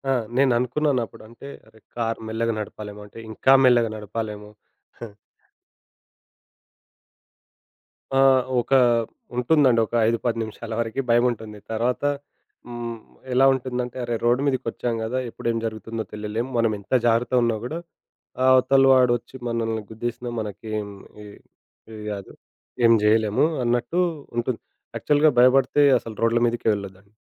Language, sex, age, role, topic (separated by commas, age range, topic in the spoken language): Telugu, male, 25-29, guest, తీవ్ర వాతావరణం వచ్చినప్పుడు మీరు మీ ప్రణాళికను ఎలా మార్చుకుంటారు?